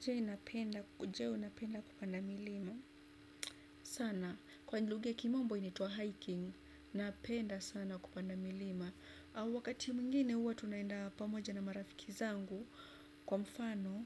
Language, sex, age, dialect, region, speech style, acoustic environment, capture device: Swahili, female, 25-34, Kenya - Nairobi Sheng-influenced, Kenya, relaxed, appliances, desktop / Windows / Chrome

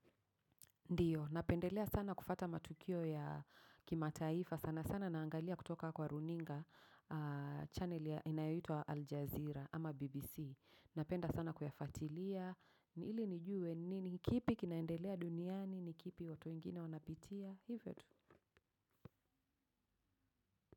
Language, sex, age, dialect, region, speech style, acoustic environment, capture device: Swahili, female, 35-44, Kenya - Nairobi Sheng-influenced, Kenya, focused, traffic; silence, mobile / macOS / Safari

